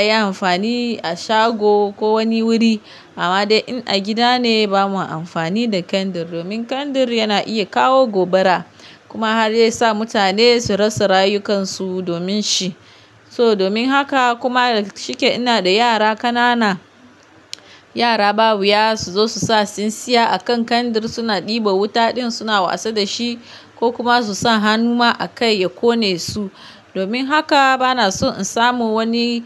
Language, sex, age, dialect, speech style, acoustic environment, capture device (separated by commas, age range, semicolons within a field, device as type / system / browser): Hausa, female, 25-34, Nigeria - Kano, relaxed; focused; happy, nature; silence, mobile / Linux / Chrome